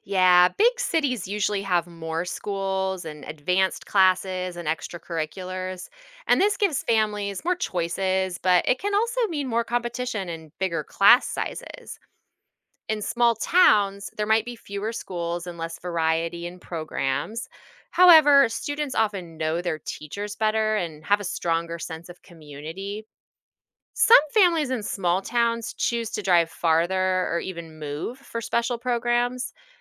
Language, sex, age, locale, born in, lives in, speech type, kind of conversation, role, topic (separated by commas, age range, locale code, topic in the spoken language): English, female, 40-44, en-US, United States, United States, read, expert-assistant, expert, What are the challenges of big city vs. small town living?